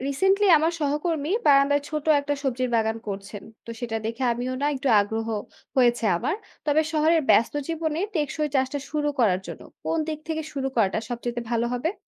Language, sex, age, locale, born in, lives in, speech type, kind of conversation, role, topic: Bengali, female, 25-29, bn-BD, Bangladesh, Bangladesh, read, expert-assistant, asker, নিজের জীবনে টিকসই চাষবাসের সহজ অভ্যাস কীভাবে গড়ে তুলতে পারি?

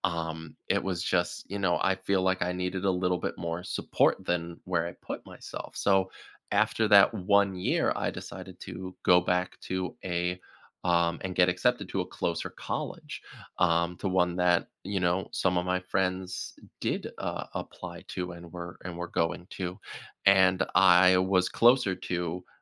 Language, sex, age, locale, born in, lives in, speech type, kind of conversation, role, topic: English, male, 30-34, en-US, United States, United States, conversation, podcast, guest, What helps you recover and grow after experiencing a setback?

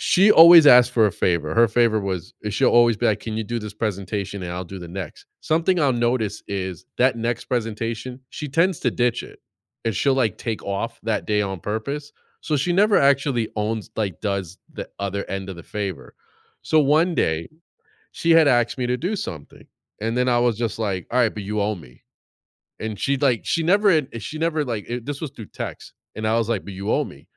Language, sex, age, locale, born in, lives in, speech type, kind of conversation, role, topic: English, male, 40-44, en-US, United States, United States, conversation, unstructured, none, How do you decide when to ask for help and when to figure things out on your own?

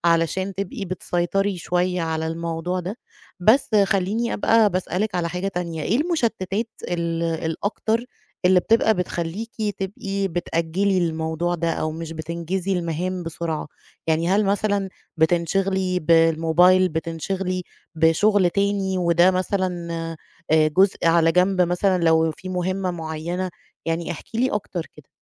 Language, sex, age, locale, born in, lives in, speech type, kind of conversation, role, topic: Arabic, female, 35-39, ar-EG, Egypt, Egypt, conversation, advice, advisor, إزاي أقدر أخلص مهامي قبل المواعيد النهائية من غير ما أتأخر؟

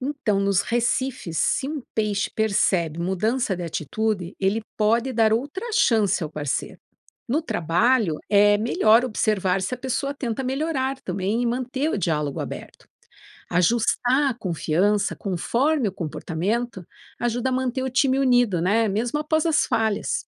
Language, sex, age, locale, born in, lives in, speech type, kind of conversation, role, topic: Portuguese, female, 50-54, pt-BR, Brazil, United States, read, expert-assistant, expert, Como a cooperação entre peixes em recifes pode servir de exemplo para relações no trabalho?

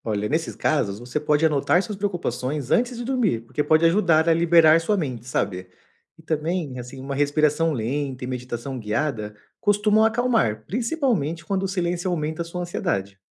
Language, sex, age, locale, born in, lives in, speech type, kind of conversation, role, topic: Portuguese, male, 35-39, pt-BR, Brazil, Portugal, read, expert-assistant, expert, Como lidar com aquele cansaço constante, pensando em como meu corpo reage ao estresse?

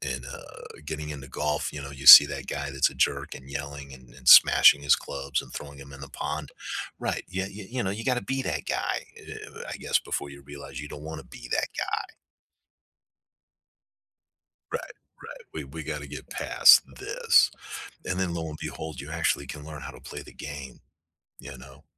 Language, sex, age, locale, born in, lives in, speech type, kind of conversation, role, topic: English, male, 55-59, en-US, United States, United States, conversation, unstructured, none, What hobby do you think people waste the most time on?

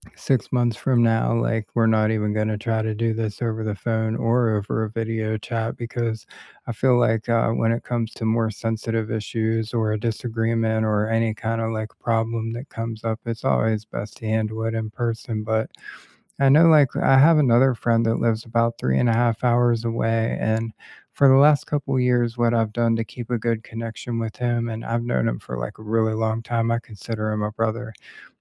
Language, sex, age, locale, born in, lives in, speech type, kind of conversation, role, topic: English, male, 40-44, en-US, United States, United States, conversation, unstructured, none, What routines, rituals, and check-ins help you keep long-distance relationships strong and connected over time?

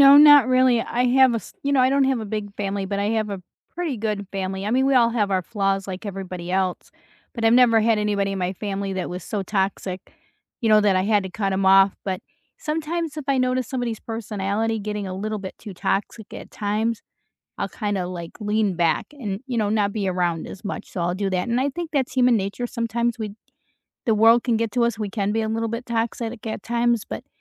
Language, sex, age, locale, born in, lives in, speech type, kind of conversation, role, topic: English, female, 55-59, en-US, United States, United States, conversation, unstructured, none, Is it okay to cut off toxic family members?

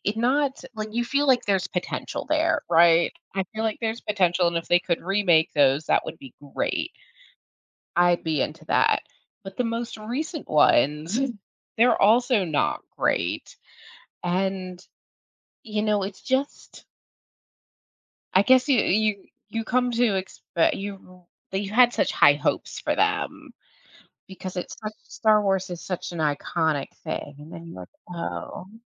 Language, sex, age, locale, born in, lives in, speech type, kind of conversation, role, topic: English, female, 35-39, en-US, United States, United States, conversation, podcast, host, Why do some movies leave a lasting impression on us?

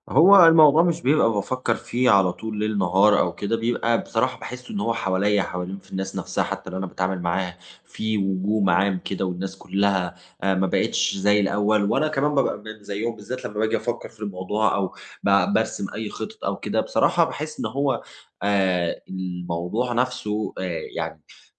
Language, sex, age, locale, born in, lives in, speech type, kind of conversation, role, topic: Arabic, male, 30-34, ar-EG, Egypt, Germany, conversation, advice, user, إزاي أحافظ على صحتي النفسية وقت الأزمات لما أخبار العالم تزود قلقي؟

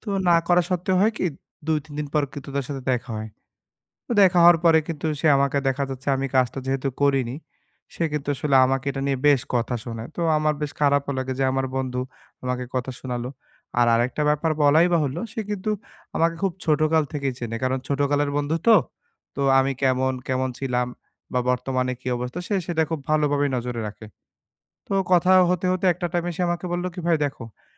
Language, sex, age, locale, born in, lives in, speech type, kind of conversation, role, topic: Bengali, male, 25-29, bn-BD, Bangladesh, Bangladesh, conversation, advice, user, আমি কীভাবে নিজের শরীরের সংকেত ও অনুভূতি চিনতে পারি?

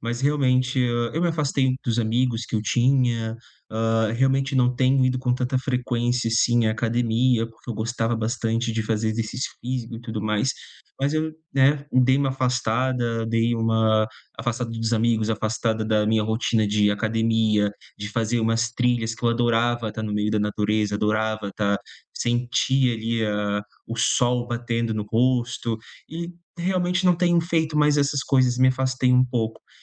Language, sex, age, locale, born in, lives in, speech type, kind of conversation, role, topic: Portuguese, male, 30-34, pt-BR, Brazil, Portugal, conversation, advice, user, Como a procrastinação frequente impede você de começar as tarefas diárias?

